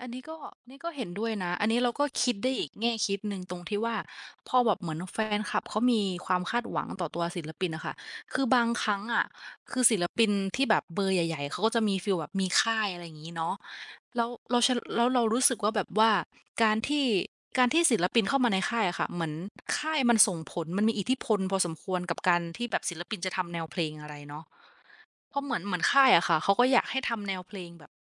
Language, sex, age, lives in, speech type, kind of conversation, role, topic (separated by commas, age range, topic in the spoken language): Thai, female, 25-29, Thailand, conversation, unstructured, none, ทำไมบางคนถึงโกรธเมื่อศิลปินเปลี่ยนแนวเพลง?